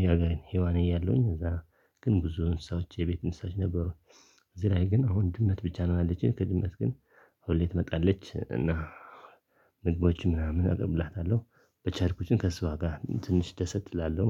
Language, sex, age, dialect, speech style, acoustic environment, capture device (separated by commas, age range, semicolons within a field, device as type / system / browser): Amharic, male, 25-34, Ethiopia - Tigray, relaxed, silence, desktop / Linux / Chrome